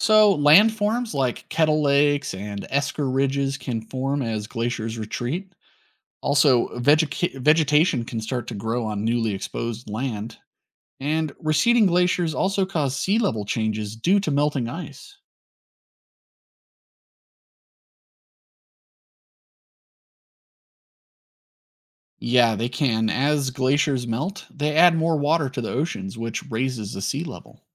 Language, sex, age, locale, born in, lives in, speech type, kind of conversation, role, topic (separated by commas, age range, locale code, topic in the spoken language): English, male, 35-39, en-US, United States, United States, read, expert-assistant, expert, How do glaciers shape the landscape over time?